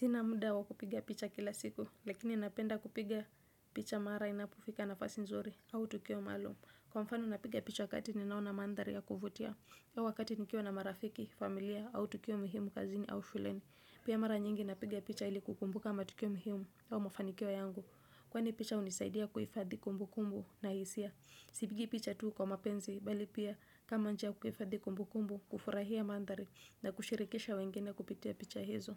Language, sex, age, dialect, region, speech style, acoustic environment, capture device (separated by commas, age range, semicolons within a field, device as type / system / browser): Swahili, female, 25-34, Kenya - Mombasa, Kenya, happy, silence, desktop / Windows / Chrome